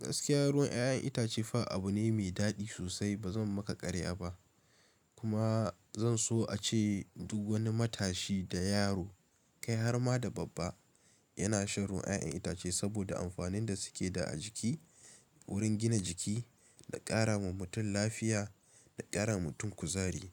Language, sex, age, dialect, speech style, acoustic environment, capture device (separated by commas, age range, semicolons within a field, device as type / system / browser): Hausa, male, 18-24, Nigeria - Kano, tired; focused, nature; appliances, desktop / Linux / Chrome